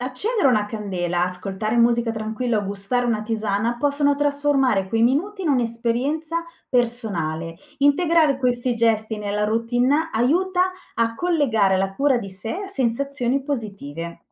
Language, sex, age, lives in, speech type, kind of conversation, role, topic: Italian, female, 45-49, Italy, read, expert-assistant, expert, Come si può seguire una terapia complessa quando si hanno impegni di lavoro e famiglia?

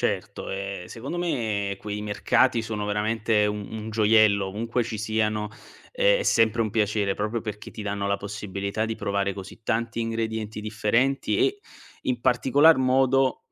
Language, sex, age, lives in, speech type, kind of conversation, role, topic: Italian, male, 25-29, Italy, conversation, podcast, guest, Quale cibo da strada locale ricordi con più piacere?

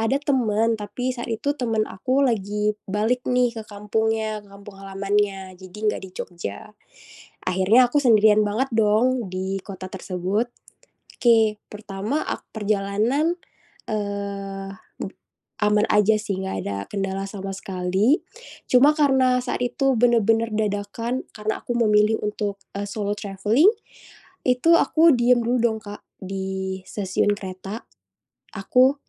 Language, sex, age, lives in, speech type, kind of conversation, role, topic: Indonesian, female, 25-29, Indonesia, conversation, podcast, guest, Apa pengalaman perjalanan paling tak terlupakan yang pernah kamu alami?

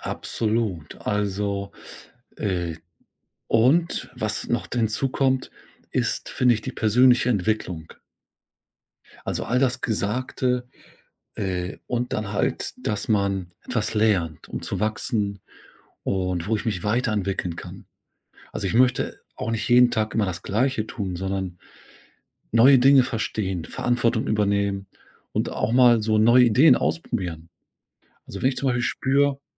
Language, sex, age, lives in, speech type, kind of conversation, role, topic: German, male, 40-44, Germany, conversation, podcast, guest, Was macht einen sinnvollen Job für dich aus?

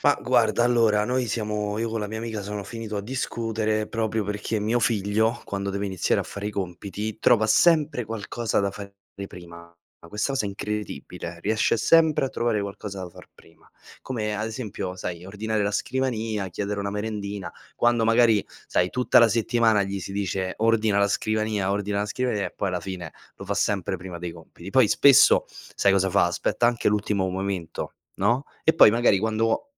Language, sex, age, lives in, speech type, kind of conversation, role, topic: Italian, male, 25-29, Italy, read, expert-assistant, asker, Perché è importante imparare a gestire il tempo fin da piccoli?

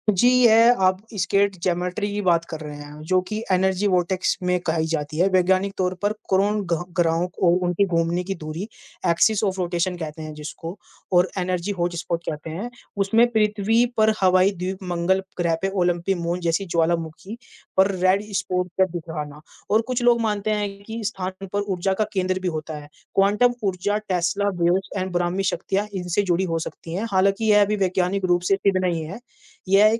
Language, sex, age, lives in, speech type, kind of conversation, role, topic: Hindi, male, 20-24, India, conversation, unstructured, none, क्वांटम भौतिकी के बारे में आपको सबसे अधिक क्या आकर्षित करता है?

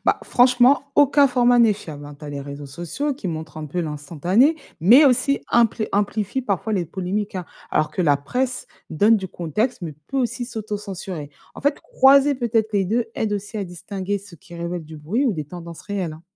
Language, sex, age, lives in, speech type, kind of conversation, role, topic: French, female, 35-39, France, read, expert-assistant, expert, Quelles habitudes peut-on prendre pour mieux comprendre comment fonctionnent les gouvernements ailleurs dans le monde ?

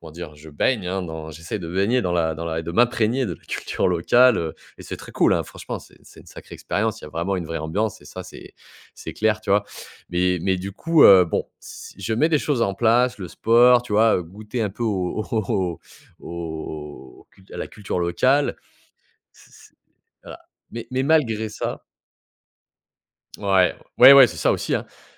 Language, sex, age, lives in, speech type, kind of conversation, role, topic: French, male, 30-34, France, conversation, podcast, guest, Comment créer des liens dans une grande ville ?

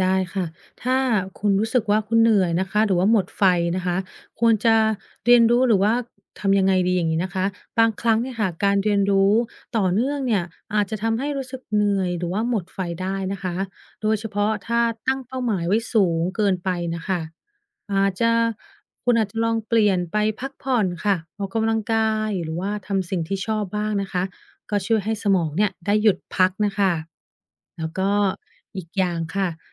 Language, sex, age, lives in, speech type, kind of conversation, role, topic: Thai, female, 30-34, United States, read, expert-assistant, expert, การให้ความสำคัญกับการเรียนรู้ตลอดชีวิตช่วยให้ทันต่อการเปลี่ยนแปลงของโลกหรือไม่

